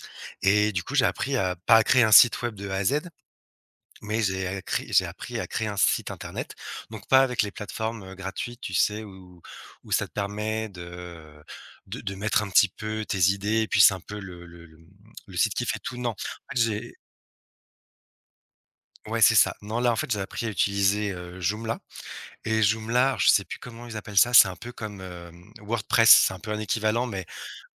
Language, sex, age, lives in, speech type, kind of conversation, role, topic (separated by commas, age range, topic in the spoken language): French, male, 35-39, France, conversation, podcast, guest, Quelle est une compétence que tu as apprise en autodidacte ?